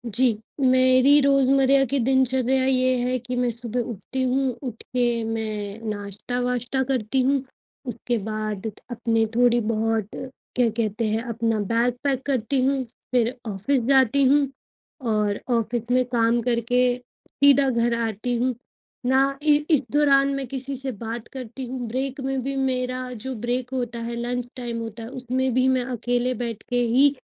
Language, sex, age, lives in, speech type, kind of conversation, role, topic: Hindi, female, 25-29, India, conversation, advice, user, मैं लंबे समय तक प्रेरित कैसे बना रहूँ?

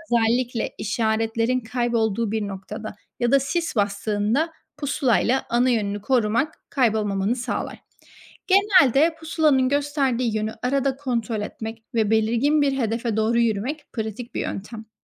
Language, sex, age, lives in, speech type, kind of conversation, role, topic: Turkish, female, 25-29, Spain, read, expert-assistant, expert, Doğa yürüyüşüne yeni başlayanlar için nelere dikkat etmek gerekir?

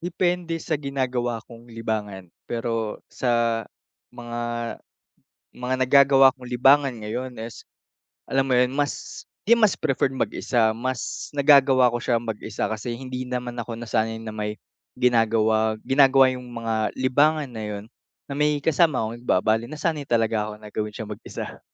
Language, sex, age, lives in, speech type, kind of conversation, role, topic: Filipino, male, 45-49, Philippines, conversation, advice, user, Bakit nahihirapan akong mag-enjoy sa libangan kapag pagod ako?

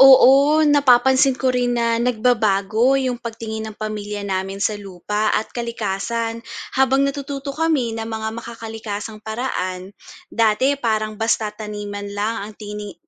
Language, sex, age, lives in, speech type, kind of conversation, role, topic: Filipino, female, 30-34, Philippines, read, expert-assistant, asker, Paano Pinagsasama ang Makakalikasang Pagsasaka at Tradisyon sa Pamilya?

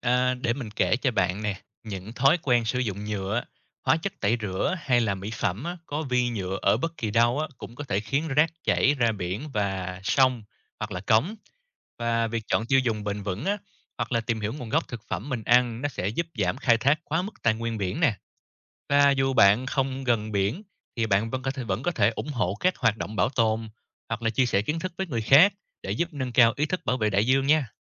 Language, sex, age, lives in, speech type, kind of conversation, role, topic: Vietnamese, male, 30-34, Vietnam, read, expert-assistant, expert, Vì sao cần bảo vệ biển và đại dương?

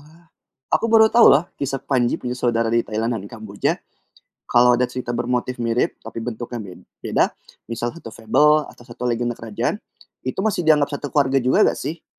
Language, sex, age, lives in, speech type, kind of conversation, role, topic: Indonesian, male, 25-29, Indonesia, read, expert-assistant, asker, Apa maksudnya kalau dikatakan ada 'hubungan keluarga' antara cerita rakyat dari berbagai negara?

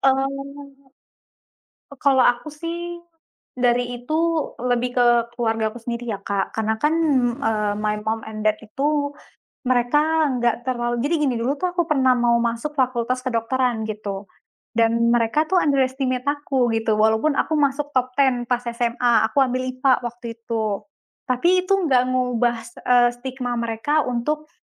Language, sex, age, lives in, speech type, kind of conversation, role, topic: Indonesian, female, 20-24, Indonesia, conversation, unstructured, none, Apa hal paling berani yang pernah kamu lakukan untuk menunjukkan jati dirimu?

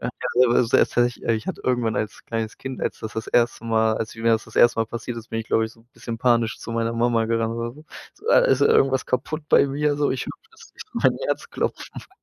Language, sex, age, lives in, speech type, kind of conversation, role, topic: German, male, 25-29, Germany, conversation, podcast, host, Welche Aktivität hilft dir am besten, abzuschalten?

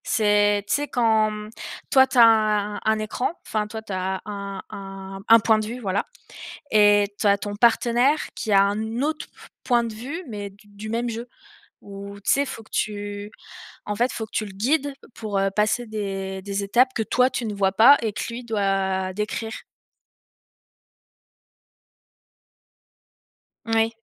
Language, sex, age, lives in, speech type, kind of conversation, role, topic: French, female, 20-24, France, conversation, unstructured, none, Comment les jeux vidéo peuvent-ils aider à apprendre ?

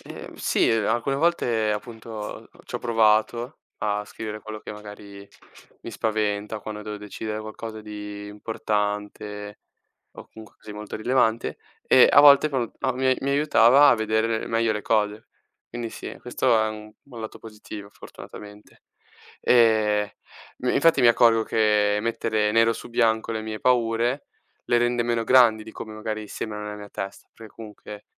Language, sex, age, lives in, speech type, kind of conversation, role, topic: Italian, male, 18-19, Italy, read, expert-assistant, asker, Come si può imparare a prendere decisioni difficili?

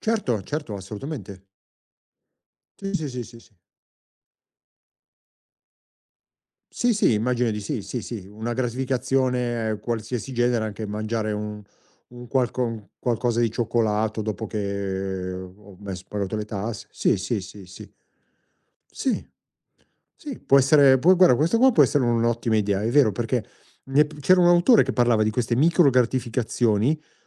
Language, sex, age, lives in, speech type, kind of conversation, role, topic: Italian, male, 50-54, Italy, conversation, advice, user, Come posso smettere di procrastinare cronicamente sui compiti chiave per raggiungere il mio obiettivo?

